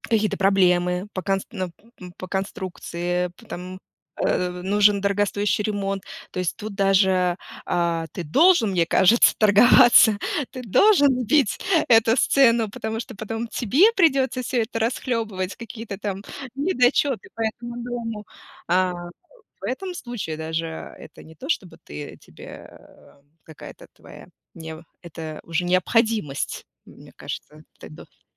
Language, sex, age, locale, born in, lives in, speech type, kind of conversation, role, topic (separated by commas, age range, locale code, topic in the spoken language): Russian, female, 35-39, ru-RU, Russia, United States, conversation, unstructured, none, Почему важно уметь вести переговоры о цене?